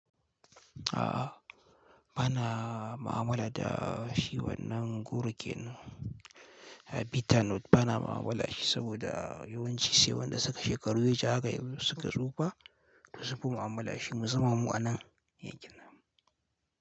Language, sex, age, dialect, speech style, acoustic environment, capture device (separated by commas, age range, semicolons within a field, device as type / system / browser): Hausa, male, 18-24, Nigeria - Kano, relaxed; focused, silence, mobile / Linux / Chrome